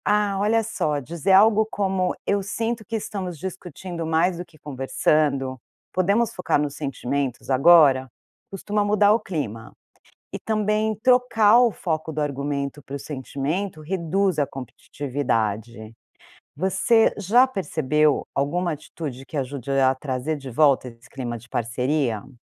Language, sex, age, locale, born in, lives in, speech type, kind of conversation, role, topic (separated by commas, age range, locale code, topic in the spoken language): Portuguese, female, 45-49, pt-BR, Brazil, United States, read, expert-assistant, expert, Como aprimorar a escuta ativa e a empatia no dia a dia de um relacionamento amoroso?